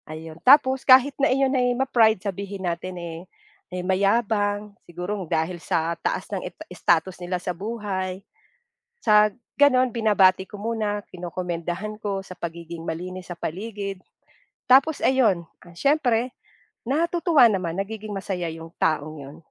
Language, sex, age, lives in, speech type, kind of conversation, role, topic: Filipino, female, 45-49, Philippines, conversation, podcast, guest, Paano mo matutulungan ang kapitbahay na tila nag-iisa?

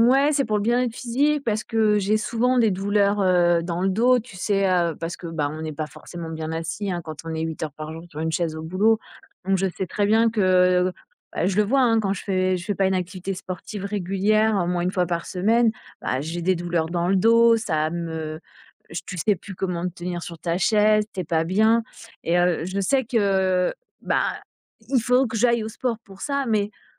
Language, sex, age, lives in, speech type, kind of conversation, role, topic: French, female, 45-49, France, conversation, advice, user, Pourquoi ai-je du mal à trouver la motivation pour commencer ou maintenir une routine d’exercice ?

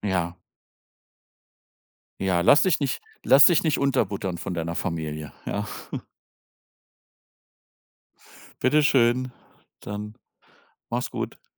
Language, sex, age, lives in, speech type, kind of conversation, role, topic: German, male, 55-59, Germany, conversation, advice, advisor, Wie gehst du mit dem Druck um, den Beruf oder die Karriere zu wählen, die deine Familie von dir erwartet?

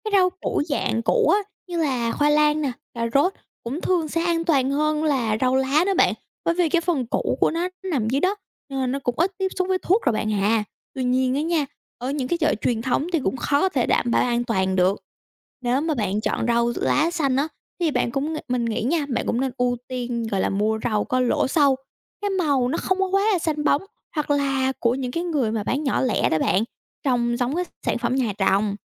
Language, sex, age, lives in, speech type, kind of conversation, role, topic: Vietnamese, female, 18-19, United States, read, expert-assistant, expert, Làm sao kiểm tra và xác minh nguồn gốc thực phẩm sạch ở Việt Nam?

